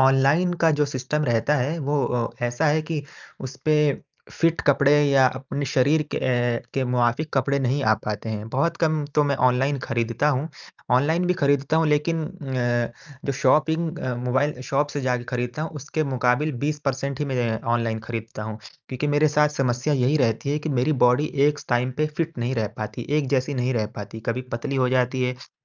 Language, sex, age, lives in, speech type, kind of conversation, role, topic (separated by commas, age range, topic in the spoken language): Hindi, male, 20-24, India, conversation, advice, user, जब कपड़ों का फिट समझना मुश्किल लगे, तो सही आकार कैसे चुनूं?